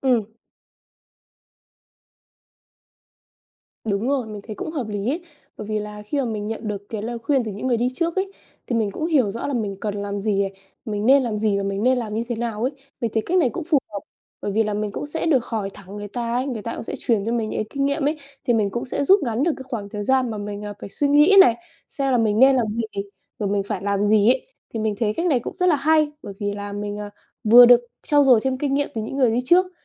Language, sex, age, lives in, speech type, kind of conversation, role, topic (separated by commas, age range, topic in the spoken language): Vietnamese, female, 20-24, Vietnam, conversation, advice, user, Làm sao để lập lộ trình phát triển nghề nghiệp hiệu quả?